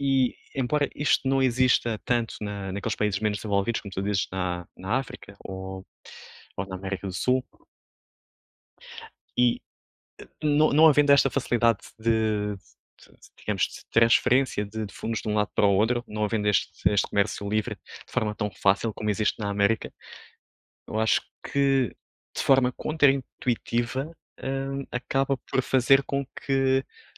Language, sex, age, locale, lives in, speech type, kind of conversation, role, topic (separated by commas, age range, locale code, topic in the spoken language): Portuguese, male, 30-34, pt-PT, Portugal, conversation, unstructured, none, O que você acha da forma como o dinheiro é distribuído no mundo?